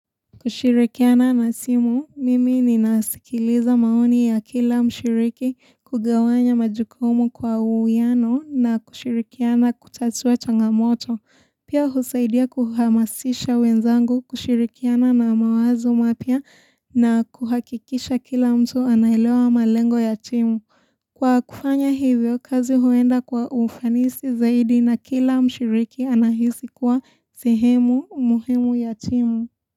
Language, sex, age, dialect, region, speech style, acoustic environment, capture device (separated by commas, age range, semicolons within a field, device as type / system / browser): Swahili, female, 18-24, Kenya - Mombasa, Kenya, relaxed, silence, mobile / Linux / Chrome